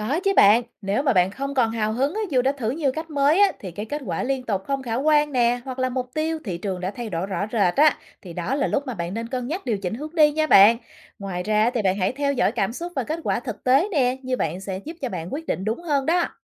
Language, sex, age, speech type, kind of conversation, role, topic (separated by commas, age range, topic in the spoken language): Vietnamese, female, 35-39, read, expert-assistant, expert, Làm thế nào để biến những sở thích cá nhân thành một hướng đi nghề nghiệp thực tế và bền vững?